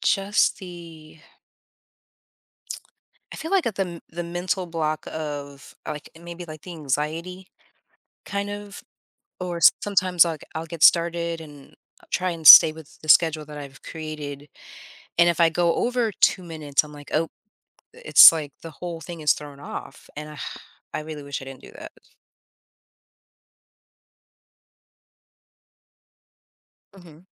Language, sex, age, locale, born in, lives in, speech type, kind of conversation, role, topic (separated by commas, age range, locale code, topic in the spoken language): English, female, 30-34, en-US, United States, United States, conversation, advice, user, How can I stop feeling overwhelmed and make steady progress on a never-ending to-do list?